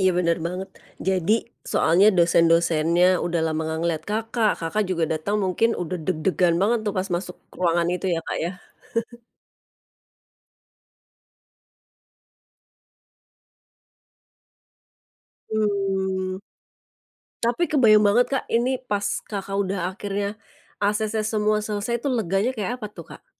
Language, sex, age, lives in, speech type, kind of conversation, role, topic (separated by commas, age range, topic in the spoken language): Indonesian, female, 45-49, Indonesia, conversation, podcast, host, Menurutmu, seberapa penting meminta bantuan saat sedang terpuruk?